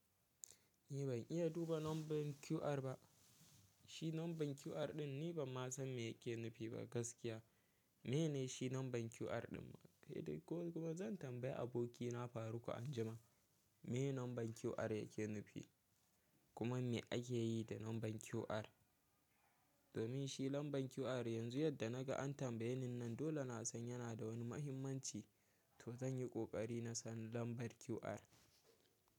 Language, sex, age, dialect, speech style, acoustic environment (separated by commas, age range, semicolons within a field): Hausa, male, 18-24, Nigeria - Kano, happy, silence